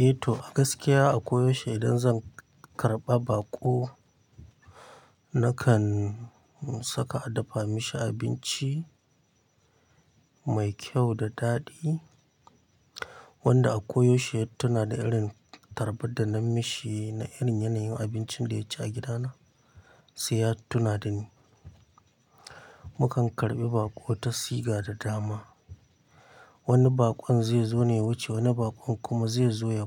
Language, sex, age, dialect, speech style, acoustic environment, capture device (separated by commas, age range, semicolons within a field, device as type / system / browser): Hausa, male, 25-34, Nigeria - Kano, excited, nature, mobile / Linux / Chrome